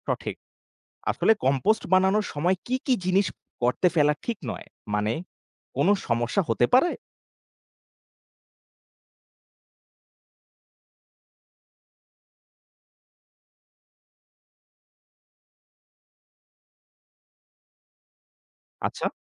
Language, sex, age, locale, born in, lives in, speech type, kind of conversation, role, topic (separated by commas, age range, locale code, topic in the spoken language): Bengali, male, 25-29, bn-BD, Bangladesh, Bangladesh, read, expert-assistant, asker, কৃষি খাতে বর্জ্য ব্যবস্থাপনার প্রয়োজনীয়তা কী